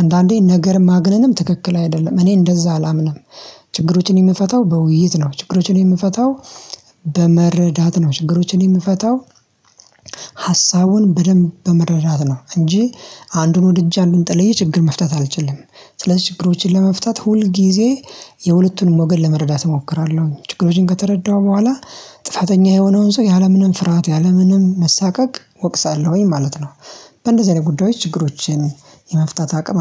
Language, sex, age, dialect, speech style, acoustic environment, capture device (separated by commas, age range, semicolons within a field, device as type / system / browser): Amharic, male, 25-34, Ethiopia - Addis Ababa, relaxed, silence, mobile / Linux / Chrome